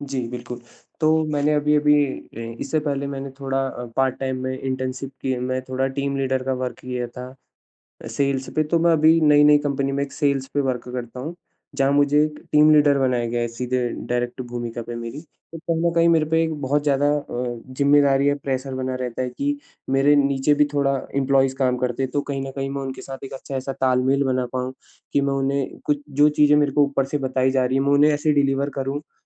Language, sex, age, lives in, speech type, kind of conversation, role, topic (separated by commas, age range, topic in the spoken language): Hindi, male, 25-29, India, conversation, advice, user, मैं अपनी सैलरी बढ़ाने या प्रमोशन माँगने में जो डर और झिझक महसूस करता/करती हूँ, उसे कैसे दूर करूँ?